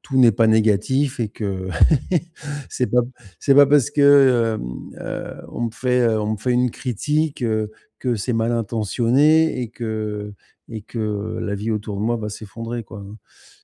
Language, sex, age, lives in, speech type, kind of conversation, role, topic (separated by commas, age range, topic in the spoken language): French, male, 50-54, Spain, conversation, advice, user, Comment transformer une critique en opportunité d’apprentissage sans se décourager ?